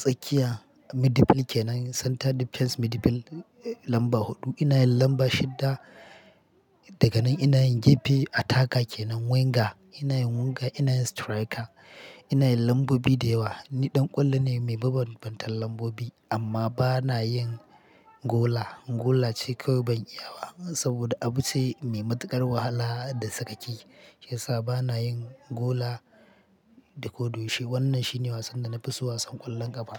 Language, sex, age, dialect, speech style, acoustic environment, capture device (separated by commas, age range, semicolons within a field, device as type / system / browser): Hausa, male, 25-34, Nigeria - Katsina, happy; relaxed, people talking; silence, mobile / Linux / Chrome